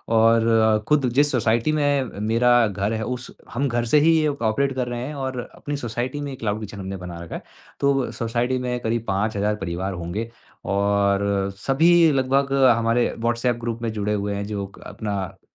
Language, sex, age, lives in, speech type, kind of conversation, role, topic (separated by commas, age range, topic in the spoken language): Hindi, male, 35-39, India, conversation, advice, user, आपने अपने पहले बड़े ग्राहक को खोने पर हार की भावना को कैसे संभाला?